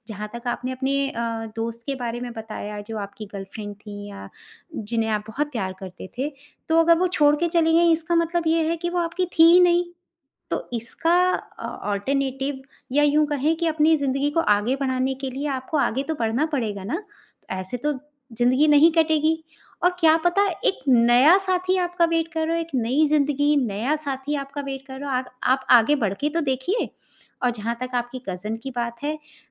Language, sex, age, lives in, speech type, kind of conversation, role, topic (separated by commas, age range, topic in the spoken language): Hindi, female, 45-49, India, conversation, advice, advisor, मैं घर की याद और अकेलापन कैसे कम कर सकता/सकती हूँ?